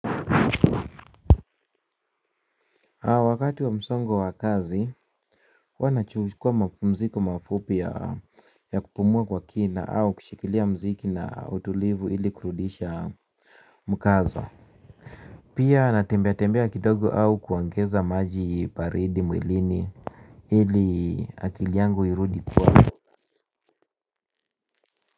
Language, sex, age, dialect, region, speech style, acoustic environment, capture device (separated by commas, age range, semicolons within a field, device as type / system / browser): Swahili, male, 45-54, Kenya - Nairobi Sheng-influenced, Kenya, relaxed, music, mobile / Linux / Chrome